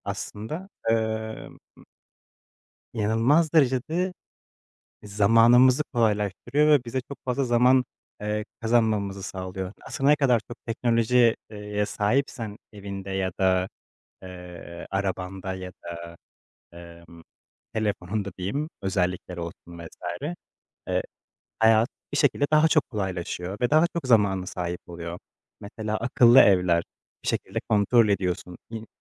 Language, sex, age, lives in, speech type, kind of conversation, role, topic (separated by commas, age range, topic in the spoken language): Turkish, male, 25-29, Poland, conversation, unstructured, none, Hangi teknolojik yenilik sizi heyecanlandırıyor?